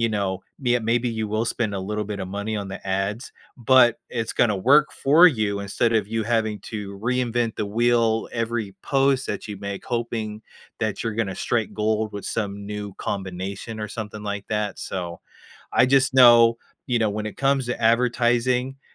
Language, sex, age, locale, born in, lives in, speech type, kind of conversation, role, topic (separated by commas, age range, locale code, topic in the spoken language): English, male, 30-34, en-US, United States, United States, conversation, advice, advisor, How can I manage work stress effectively?